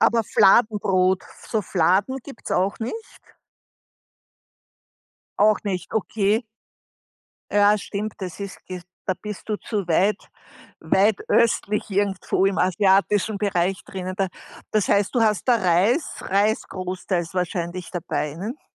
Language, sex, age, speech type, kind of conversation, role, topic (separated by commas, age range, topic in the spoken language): German, female, 60-64, conversation, podcast, host, Welches Gericht hat deinen Geschmack nachhaltig verändert?